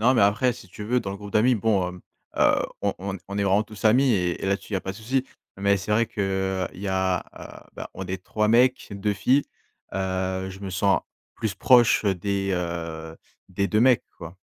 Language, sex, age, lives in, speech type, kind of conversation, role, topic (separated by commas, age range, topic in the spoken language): French, male, 20-24, France, conversation, advice, user, Pourquoi ai-je l’impression d’être exclu parce que je n’adopte pas le même mode de vie que les autres ?